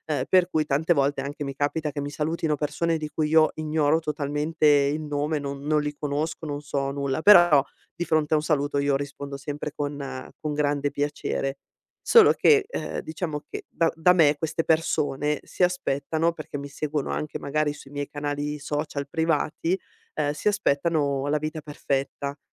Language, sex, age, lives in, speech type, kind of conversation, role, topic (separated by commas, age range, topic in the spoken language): Italian, female, 40-44, Italy, conversation, advice, user, Come ti senti riguardo alla pressione di apparire perfetto sui social media?